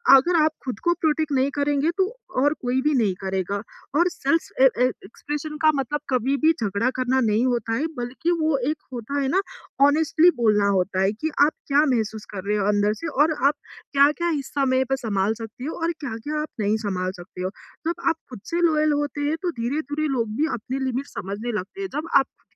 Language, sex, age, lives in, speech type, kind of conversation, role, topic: Hindi, female, 20-24, India, conversation, advice, advisor, मैं घर पर आराम करते हुए तनाव कैसे कम कर सकता/सकती हूँ?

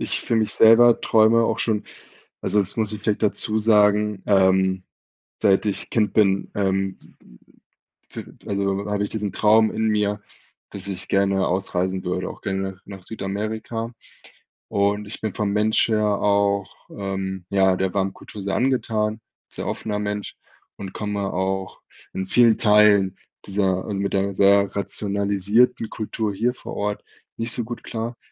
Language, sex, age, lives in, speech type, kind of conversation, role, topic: German, male, 30-34, Germany, conversation, advice, user, Wie kann ich Entscheidungen treffen, wenn alles unsicher und unklar ist?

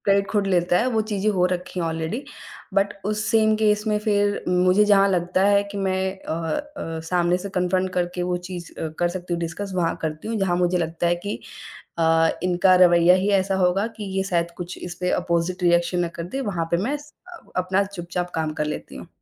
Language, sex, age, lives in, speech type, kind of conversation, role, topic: Hindi, female, 25-29, India, conversation, advice, user, नौकरी में पदोन्नति का मौका मिलने पर मैं हिचकिचा क्यों रहा/रही हूँ और मेरा आत्मविश्वास क्यों कम हो जाता है?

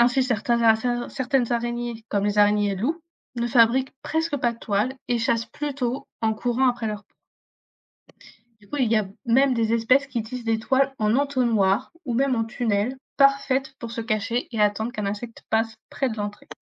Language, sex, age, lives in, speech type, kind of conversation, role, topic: French, female, 20-24, France, read, expert-assistant, expert, Comment les araignées tissent-elles leurs toiles ?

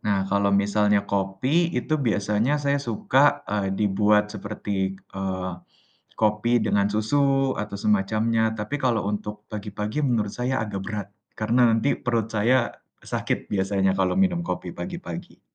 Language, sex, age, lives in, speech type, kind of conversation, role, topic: Indonesian, male, 20-24, Indonesia, conversation, unstructured, none, Apakah Anda lebih suka kopi atau teh untuk memulai hari?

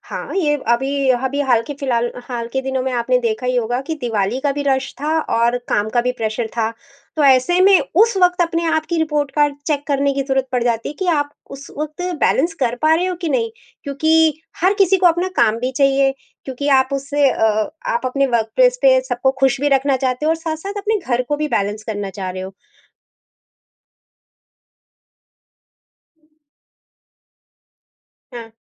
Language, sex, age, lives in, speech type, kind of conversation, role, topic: Hindi, female, 45-49, India, conversation, podcast, guest, Kaam aur ghar ke beech santulan banakar aap apne parivaar ko khush kaise rakhte hain?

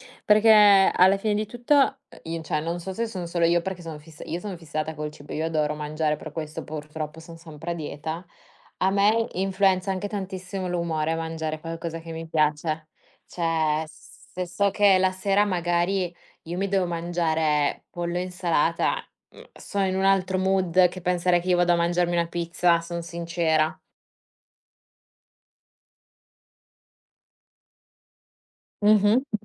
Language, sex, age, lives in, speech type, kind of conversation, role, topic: Italian, female, 25-29, Italy, conversation, unstructured, none, Qual è il piatto che ti rende più felice quando lo mangi?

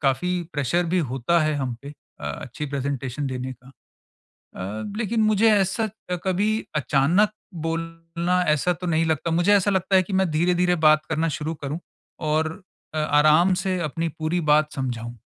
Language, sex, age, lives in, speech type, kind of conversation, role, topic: Hindi, male, 45-49, India, conversation, advice, user, मैं समूह में बिना घबराहट आत्मविश्वास से कैसे बोल सकता/सकती हूँ?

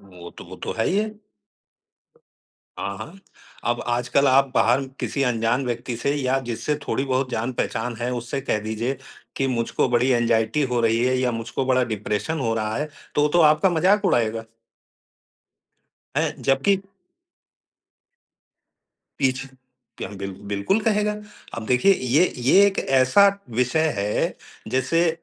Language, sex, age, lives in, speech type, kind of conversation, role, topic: Hindi, male, 55-59, India, conversation, unstructured, none, क्या आपको लगता है कि मानसिक स्वास्थ्य पर खुलकर बात करना ज़रूरी है?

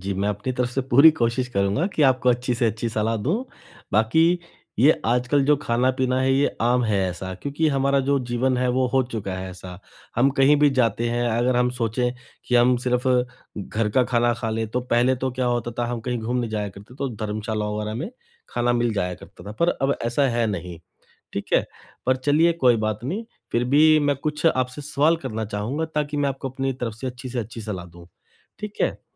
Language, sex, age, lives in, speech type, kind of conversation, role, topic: Hindi, male, 35-39, India, conversation, advice, advisor, मैं प्रसंस्कृत खाद्य पदार्थों का सेवन कम करने के लिए क्या शुरू करूँ?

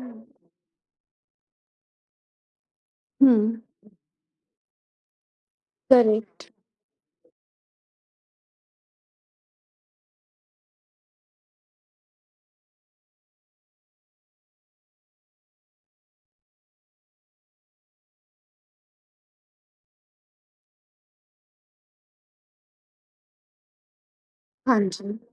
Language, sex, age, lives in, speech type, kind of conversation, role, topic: Hindi, female, 30-34, India, conversation, podcast, host, Travel ke dauran kabhi tumhara luggage kho gaya tha, phir kya hua aur woh kaise mila?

